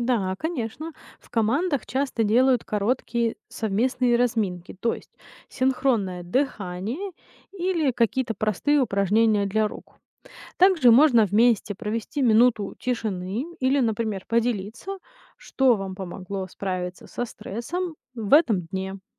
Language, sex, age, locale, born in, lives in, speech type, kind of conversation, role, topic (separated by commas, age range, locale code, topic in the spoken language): Russian, female, 30-34, ru-RU, Ukraine, United States, read, expert-assistant, expert, Какие методы самоорганизации лучше всего работают для поддержания ментального здоровья на работе?